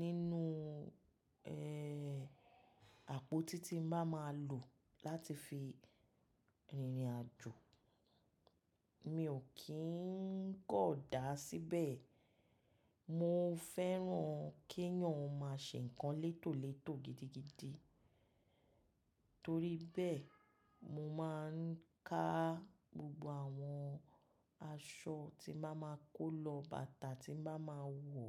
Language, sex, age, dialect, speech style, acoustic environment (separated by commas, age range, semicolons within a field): Yoruba, female, 35-44, Nigeria - Lagos Yoruba, happy; relaxed; excited; focused, silence